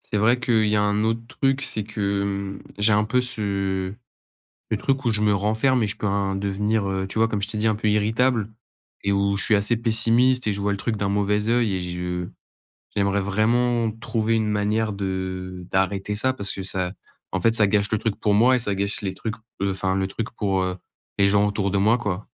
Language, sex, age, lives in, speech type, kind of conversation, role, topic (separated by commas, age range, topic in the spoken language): French, male, 20-24, France, conversation, advice, user, Comment gérer mon anxiété avant et pendant un voyage ?